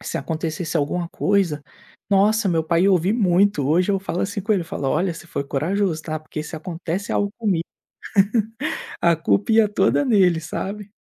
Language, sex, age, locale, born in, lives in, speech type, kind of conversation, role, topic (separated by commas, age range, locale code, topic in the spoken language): Portuguese, male, 25-29, pt-BR, Brazil, Spain, conversation, podcast, guest, Quando foi a primeira vez que você se sentiu adulto?